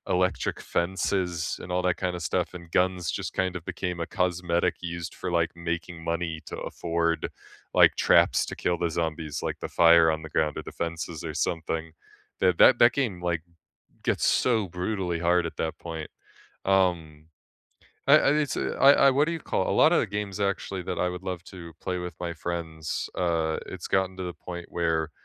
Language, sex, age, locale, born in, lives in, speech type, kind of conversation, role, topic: English, male, 25-29, en-US, United States, United States, conversation, unstructured, none, Which video games have sparked great friendships or memorable co-op moments for you?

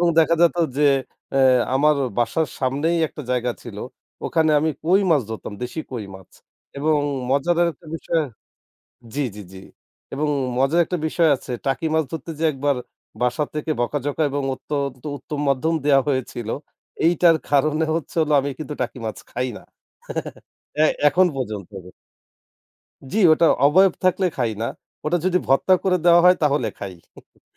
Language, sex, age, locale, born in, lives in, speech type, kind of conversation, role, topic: Bengali, male, 25-29, bn-BD, Bangladesh, Bangladesh, conversation, podcast, guest, প্রজন্ম বদলালে সামাজিক রীতিনীতি কীভাবে এবং কেন পরিবর্তিত হয়?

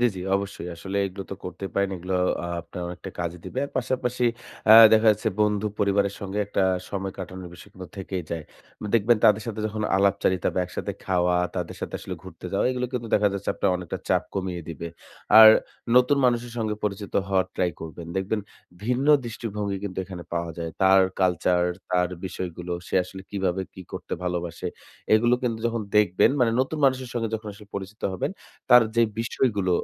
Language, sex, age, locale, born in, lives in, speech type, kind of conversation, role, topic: Bengali, male, 25-29, bn-BD, Bangladesh, Bangladesh, conversation, advice, advisor, দৈনন্দিন পরিবেশ আমাকে সৃজনশীলতা থেকে বঞ্চিত করছে—মুক্তি পাব কীভাবে?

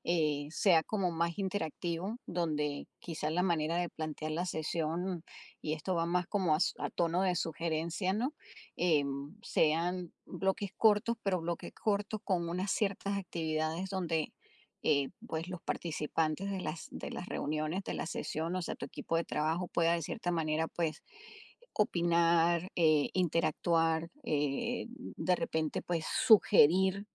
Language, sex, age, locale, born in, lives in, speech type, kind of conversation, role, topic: Spanish, female, 50-54, es-US, Venezuela, United States, conversation, advice, advisor, ¿Cómo puedo organizar mejor mi tiempo para tener sesiones de trabajo más productivas?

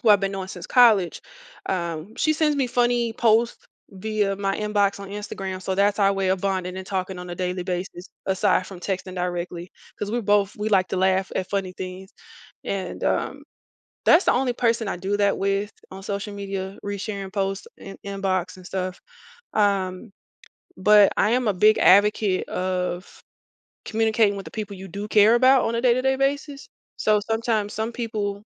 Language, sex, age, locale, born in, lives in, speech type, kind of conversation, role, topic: English, female, 35-39, en-US, United States, United States, conversation, podcast, guest, In what ways has social media changed how we connect and interact with others every day?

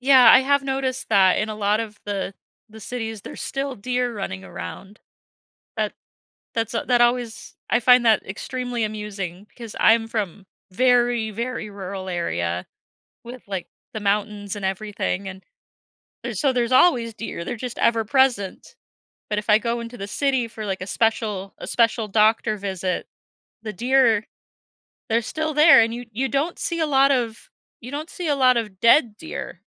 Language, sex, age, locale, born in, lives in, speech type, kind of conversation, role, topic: English, female, 25-29, en-US, United States, United States, conversation, podcast, guest, What challenges do animals face when adapting to changes in their environment?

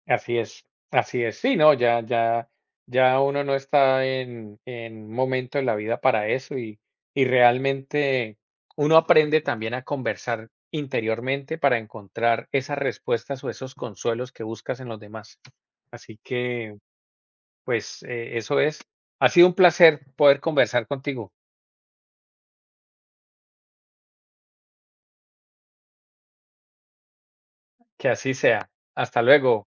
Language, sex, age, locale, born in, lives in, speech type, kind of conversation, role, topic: Spanish, male, 45-49, es-CO, Colombia, United States, conversation, unstructured, none, ¿Has sentido tristeza por no sentirte escuchado tal como eres?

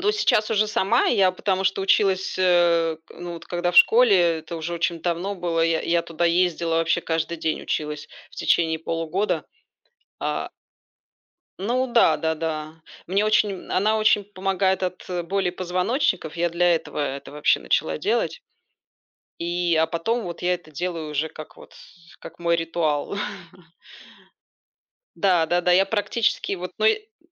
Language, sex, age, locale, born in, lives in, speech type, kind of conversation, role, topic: Russian, female, 40-44, ru-RU, Belarus, Spain, conversation, unstructured, none, Утром или вечером вы чувствуете себя наиболее продуктивным?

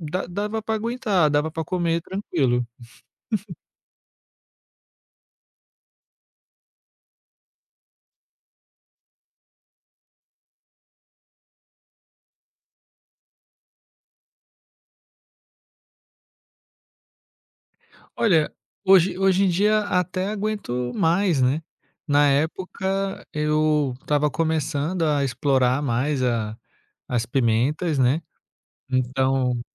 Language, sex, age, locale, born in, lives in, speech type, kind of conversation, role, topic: Portuguese, male, 35-39, pt-BR, Brazil, France, conversation, podcast, guest, Tem alguma história inesquecível de comida de rua?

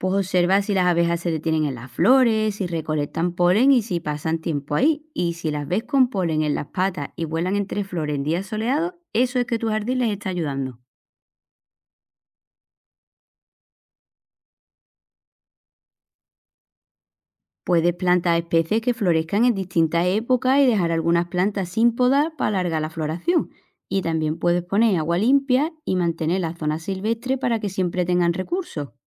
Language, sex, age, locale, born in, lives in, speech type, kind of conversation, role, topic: Spanish, female, 35-39, es-ES, Spain, Portugal, read, expert-assistant, expert, ¿Cómo puedo atraer y ayudar a las abejas en mi jardín?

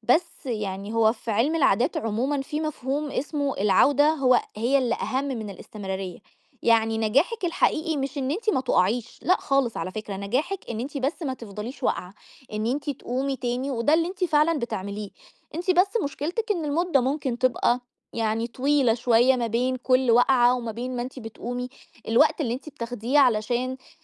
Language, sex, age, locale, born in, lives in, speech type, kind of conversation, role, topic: Arabic, female, 30-34, ar-EG, Egypt, Egypt, conversation, advice, advisor, إزاي أتعامل مع انتكاسة في عاداتي اليومية من غير ما أحبط؟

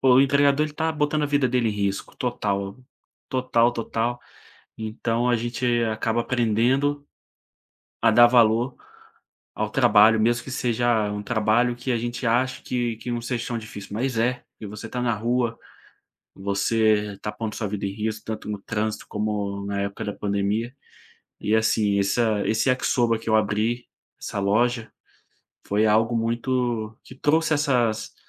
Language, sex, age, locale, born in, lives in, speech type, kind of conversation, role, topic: Portuguese, male, 25-29, pt-BR, Brazil, Spain, conversation, podcast, guest, Qual é a sua relação com as compras por aplicativo?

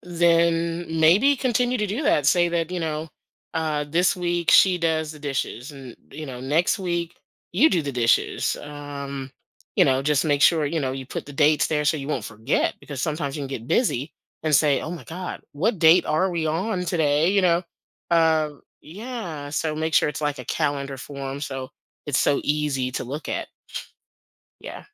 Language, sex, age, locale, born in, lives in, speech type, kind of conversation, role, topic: English, female, 50-54, en-US, United States, United States, conversation, advice, advisor, How can I communicate more openly and effectively with my partner?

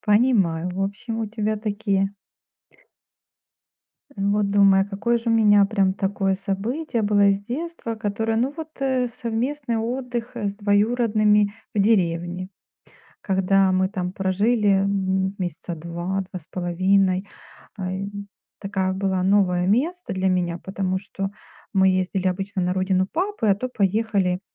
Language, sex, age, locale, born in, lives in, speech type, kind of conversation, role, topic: Russian, female, 45-49, ru-RU, Ukraine, Spain, conversation, unstructured, none, Какое у вас самое яркое воспоминание из детства?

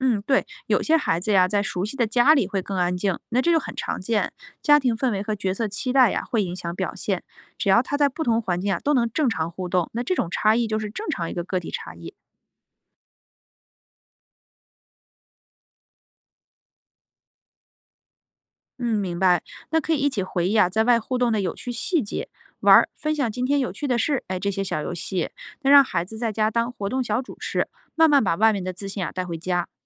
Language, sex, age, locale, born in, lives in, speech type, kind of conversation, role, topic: Chinese, female, 35-39, zh-CN, China, United States, read, expert-assistant, expert, 你能说说小朋友在不同年龄阶段是如何学会和别人相处的吗？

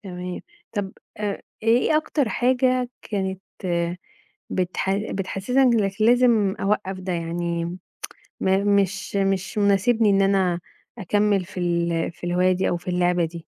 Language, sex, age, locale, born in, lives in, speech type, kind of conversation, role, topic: Arabic, female, 35-39, ar-EG, Egypt, Egypt, conversation, podcast, host, إزاي بتنظّم وقتك عشان تلحق هوايتك جنب مشاغل الحياة اليومية؟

